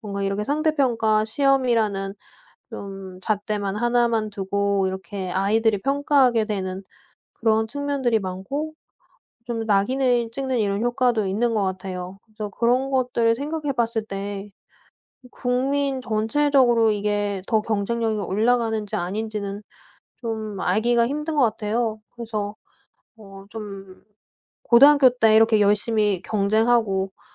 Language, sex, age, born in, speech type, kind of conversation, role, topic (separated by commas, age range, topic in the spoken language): Korean, female, 30-34, South Korea, conversation, podcast, guest, 대학 입시가 교육을 어떻게 바꿨다고 느끼시나요?